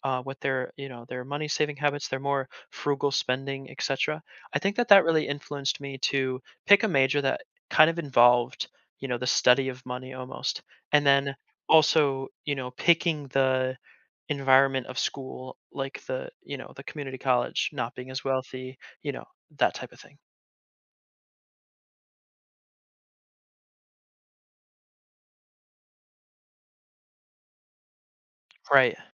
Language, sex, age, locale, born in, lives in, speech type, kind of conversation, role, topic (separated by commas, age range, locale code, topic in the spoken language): English, male, 20-24, en-US, United States, United States, conversation, podcast, guest, How does your cultural background influence your identity and relationships with others?